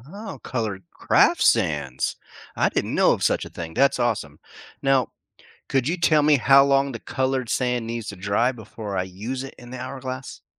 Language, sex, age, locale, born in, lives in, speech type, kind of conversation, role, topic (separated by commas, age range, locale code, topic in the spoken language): English, male, 45-49, en-US, United States, United States, read, expert-assistant, asker, How can you make an hourglass with plastic bottles?